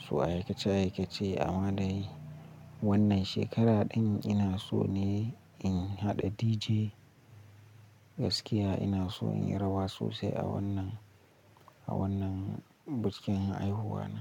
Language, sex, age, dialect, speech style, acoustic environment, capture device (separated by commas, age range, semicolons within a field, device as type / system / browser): Hausa, male, 18-24, Nigeria - Nigerian Standard, relaxed; focused, silence; nature, mobile / Linux / Chrome